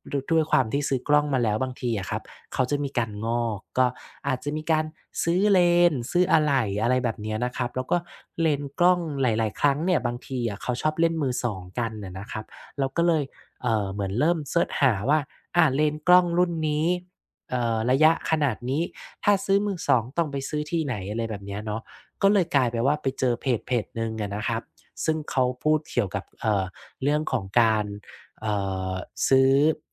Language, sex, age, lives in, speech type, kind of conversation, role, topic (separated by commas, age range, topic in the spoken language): Thai, male, 35-39, Thailand, conversation, podcast, guest, ถ้าคุณต้องหากลุ่มใหม่ คุณมักเริ่มต้นจากอะไร?